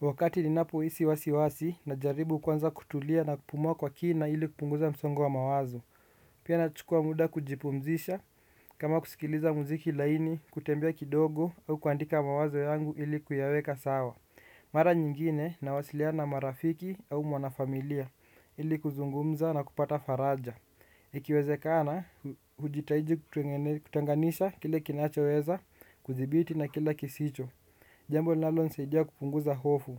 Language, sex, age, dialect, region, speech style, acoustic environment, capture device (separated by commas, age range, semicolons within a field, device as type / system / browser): Swahili, male, 18-24, Kenya - Nairobi Sheng-influenced, Kenya, relaxed; focused, nature; appliances, desktop / Windows / Chrome